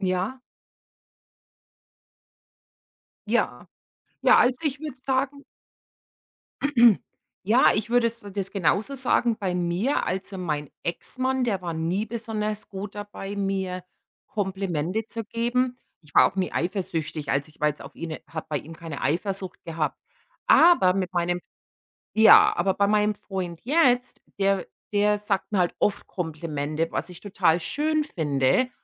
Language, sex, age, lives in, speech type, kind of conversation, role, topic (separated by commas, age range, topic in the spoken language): German, female, 45-49, United States, conversation, unstructured, none, Wie gehst du mit Eifersucht in einer Beziehung um?